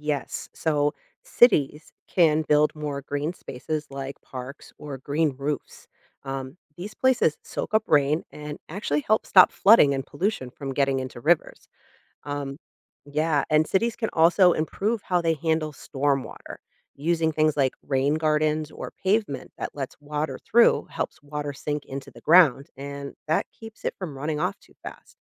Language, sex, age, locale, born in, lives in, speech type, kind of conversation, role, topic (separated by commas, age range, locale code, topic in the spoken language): English, female, 40-44, en-US, United States, United States, read, expert-assistant, expert, How does the water cycle support life on Earth?